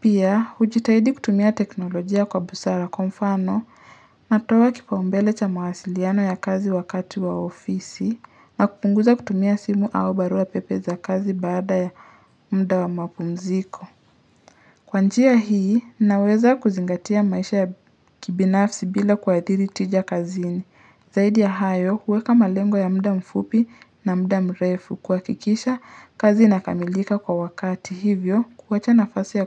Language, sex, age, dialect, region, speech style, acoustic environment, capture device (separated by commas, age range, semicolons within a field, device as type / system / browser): Swahili, male, 25-34, Kenya - Nairobi Sheng-influenced, Kenya, relaxed, silence, mobile / Linux / Chrome